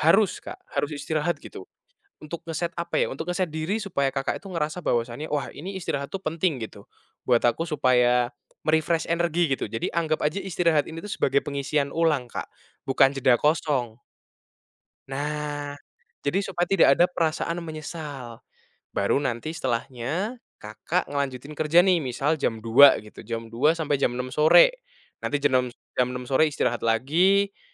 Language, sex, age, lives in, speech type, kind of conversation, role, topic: Indonesian, male, 20-24, Indonesia, conversation, advice, advisor, Bagaimana caranya agar tidak merasa bersalah saat bersantai di rumah?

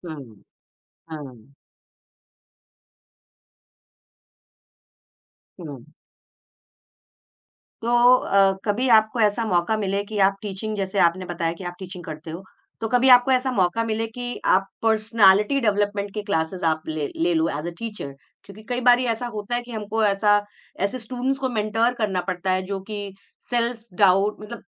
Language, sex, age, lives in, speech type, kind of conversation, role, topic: Hindi, female, 45-49, India, conversation, podcast, host, Self doubt ko confidence mein kaise badalte ho?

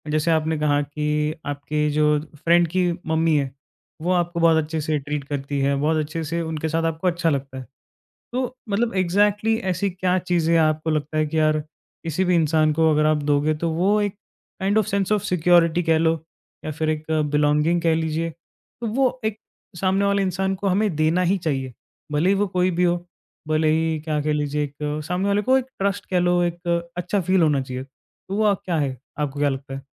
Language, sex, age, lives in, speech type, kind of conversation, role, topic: Hindi, male, 20-24, India, conversation, podcast, host, Tumhare liye apnapan ka matlab kya hai?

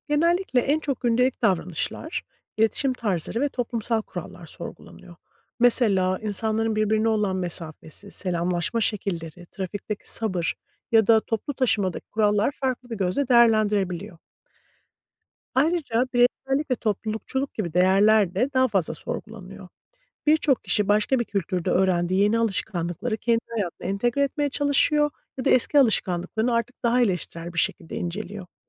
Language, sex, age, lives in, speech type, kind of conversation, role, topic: Turkish, female, 40-44, United States, read, expert-assistant, expert, Farklı bir kültürde yaşamak insanı kalıcı olarak değiştirir mi?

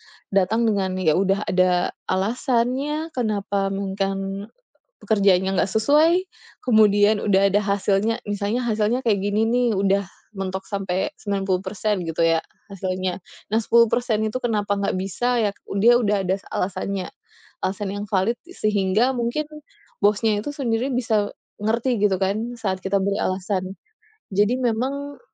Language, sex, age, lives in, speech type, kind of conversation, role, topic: Indonesian, female, 30-34, Indonesia, conversation, unstructured, none, Bagaimana kamu menghadapi bos yang sulit di tempat kerja?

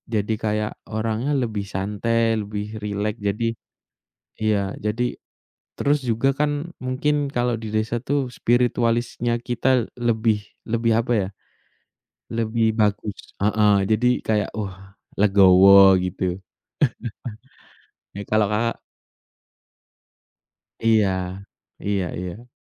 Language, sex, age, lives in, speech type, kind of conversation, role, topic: Indonesian, male, 25-29, Indonesia, conversation, unstructured, none, Menurutmu, mana yang lebih menarik: menghabiskan waktu di kota atau di desa?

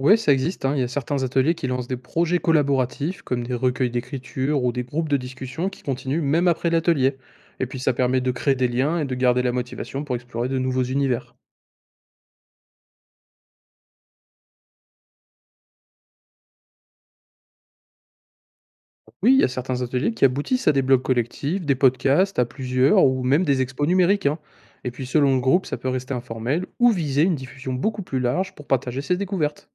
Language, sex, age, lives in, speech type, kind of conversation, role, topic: French, male, 20-24, France, read, expert-assistant, expert, Comment découvrir de nouveaux genres de divertissement sans rester bloqué sur mes habitudes ?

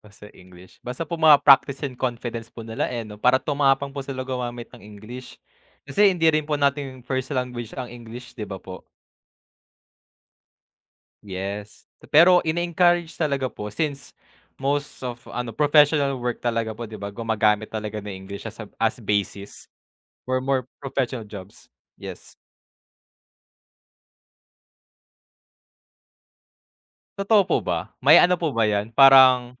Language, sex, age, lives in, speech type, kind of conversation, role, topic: Filipino, male, 20-24, Philippines, conversation, unstructured, none, Ano ang paborito mong asignatura sa paaralan?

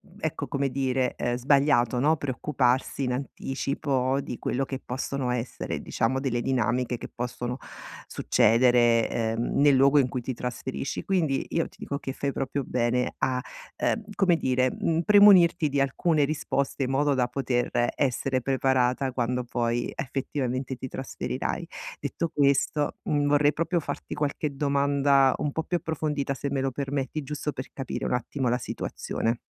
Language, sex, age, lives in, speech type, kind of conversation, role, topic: Italian, female, 45-49, Italy, conversation, advice, advisor, Come posso creare una routine familiare stabile dopo il trasferimento?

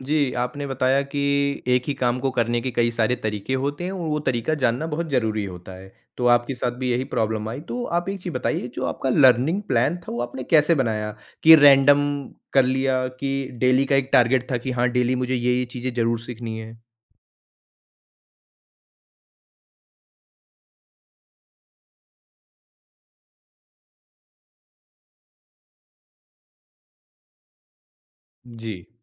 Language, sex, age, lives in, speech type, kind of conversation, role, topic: Hindi, male, 40-44, India, conversation, podcast, host, Self-taught banne mein aapke liye sabse badi challenge kya thi?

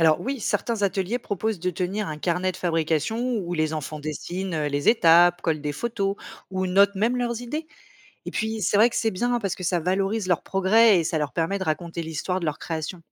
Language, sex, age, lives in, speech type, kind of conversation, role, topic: French, female, 35-39, France, read, expert-assistant, expert, Comment peut-on apprendre à fabriquer un objet traditionnel comme une marionnette ou un panier en osier ?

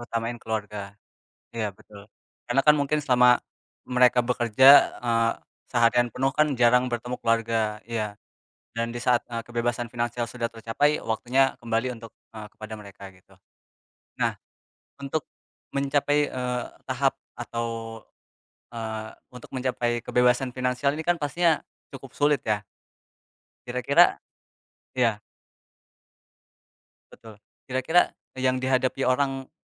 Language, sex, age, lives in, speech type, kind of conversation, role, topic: Indonesian, male, 20-24, Indonesia, conversation, unstructured, none, Apa arti kebebasan finansial menurut kamu?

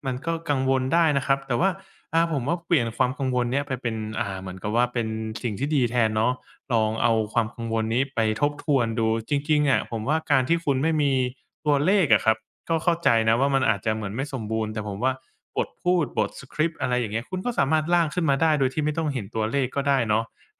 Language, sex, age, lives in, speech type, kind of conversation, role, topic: Thai, male, 25-29, Thailand, conversation, advice, advisor, คุณมักมีอาการวิตกกังวลก่อนการนำเสนอหรืองานสำคัญอย่างไรบ้าง?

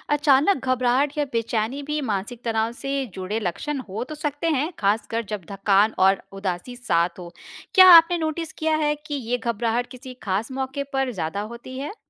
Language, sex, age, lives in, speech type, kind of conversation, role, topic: Hindi, female, 35-39, India, read, expert-assistant, expert, अगर कोई हमेशा थका-थका महसूस करता है, तो कैसे पता चलेगा कि यह मानसिक तनाव की वजह से है या कोई और कारण है?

